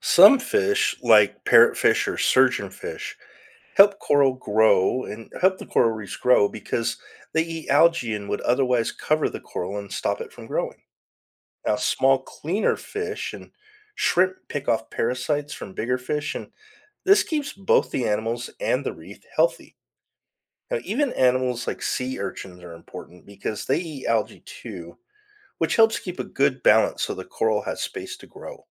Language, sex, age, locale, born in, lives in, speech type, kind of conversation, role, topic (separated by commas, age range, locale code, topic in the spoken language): English, male, 50-54, en-US, United States, United States, read, expert-assistant, expert, How do coral reefs support marine life and protect coastlines?